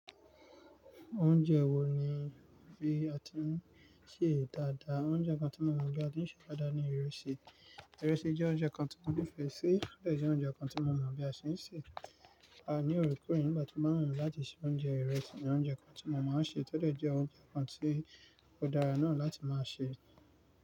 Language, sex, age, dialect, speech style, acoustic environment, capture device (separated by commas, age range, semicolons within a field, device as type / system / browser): Yoruba, male, 35-44, Nigeria - Nigerian Standard, relaxed, nature, mobile / Linux / Chrome